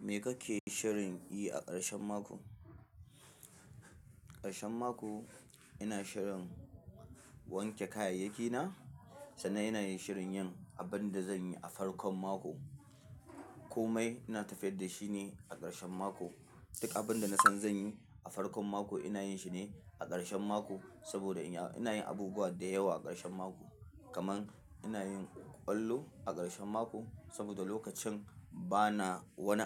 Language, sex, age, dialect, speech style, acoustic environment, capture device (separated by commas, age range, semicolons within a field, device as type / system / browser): Hausa, male, 25-34, Nigeria - Kano, excited, nature, mobile / Linux / Chrome